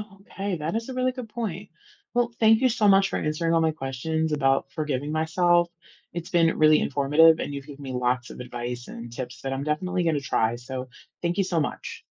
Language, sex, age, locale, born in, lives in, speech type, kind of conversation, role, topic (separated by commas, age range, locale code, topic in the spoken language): English, female, 40-44, en-US, United States, United States, read, expert-assistant, asker, Why is it important to forgive yourself for mistakes?